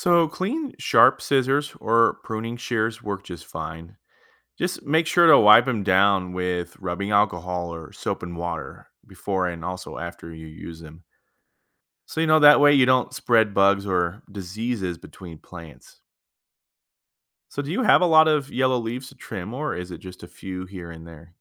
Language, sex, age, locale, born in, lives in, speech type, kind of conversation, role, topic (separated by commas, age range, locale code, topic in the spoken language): English, male, 30-34, en-US, United States, United States, read, expert-assistant, expert, What causes yellow leaves on houseplants?